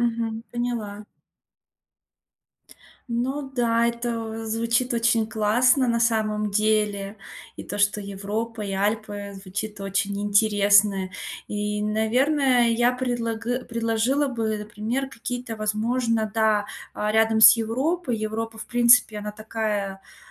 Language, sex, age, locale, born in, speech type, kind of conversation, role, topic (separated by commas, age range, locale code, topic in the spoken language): Russian, female, 30-34, ru-RU, Russia, conversation, advice, advisor, Как спланировать короткий отпуск, если у меня мало свободного времени?